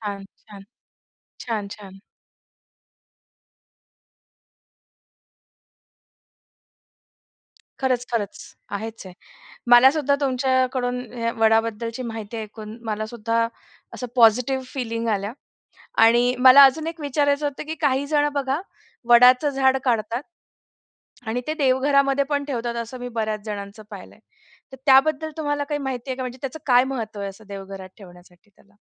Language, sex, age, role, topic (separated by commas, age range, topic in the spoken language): Marathi, female, 35-39, host, तुमचं आवडतं झाड कोणतं आहे आणि ते तुम्हाला का आवडतं?